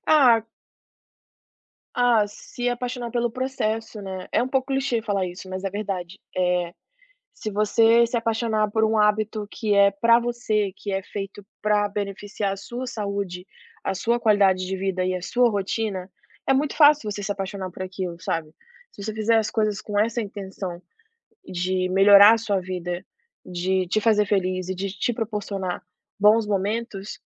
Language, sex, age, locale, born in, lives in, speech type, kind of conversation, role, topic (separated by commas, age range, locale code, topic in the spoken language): Portuguese, female, 25-29, pt-BR, Brazil, United States, conversation, podcast, guest, Qual hábito simples transformou seu dia a dia?